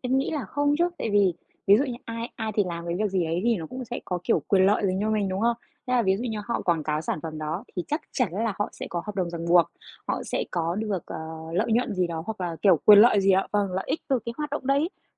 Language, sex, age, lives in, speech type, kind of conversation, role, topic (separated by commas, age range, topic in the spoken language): Vietnamese, female, 30-34, Vietnam, conversation, podcast, guest, Người có ảnh hưởng có phải chịu trách nhiệm về những thông tin họ chia sẻ không?